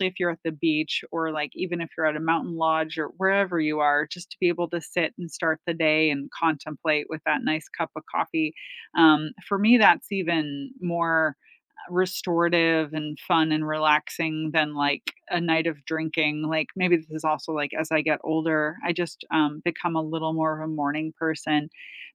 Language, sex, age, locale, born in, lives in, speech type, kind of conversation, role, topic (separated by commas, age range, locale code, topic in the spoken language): English, female, 45-49, en-US, United States, United States, conversation, unstructured, none, What are some weekend day-trip ideas within two hours of where you live?